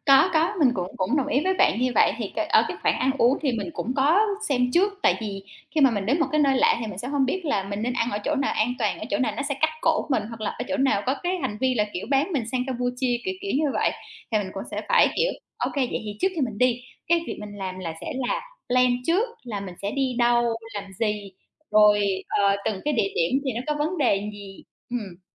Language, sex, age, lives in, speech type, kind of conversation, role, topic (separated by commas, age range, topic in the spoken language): Vietnamese, female, 30-34, Vietnam, conversation, unstructured, none, Làm sao bạn giữ an toàn khi đi du lịch bụi?